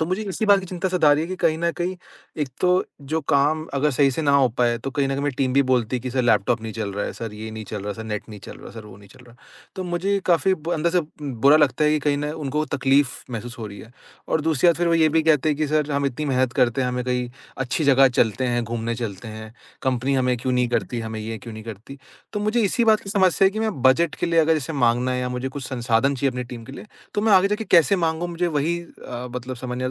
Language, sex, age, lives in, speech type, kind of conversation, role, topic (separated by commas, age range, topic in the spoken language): Hindi, male, 25-29, India, conversation, advice, user, आप अपनी टीम के लिए अधिक संसाधन या बजट कैसे माँगेंगे?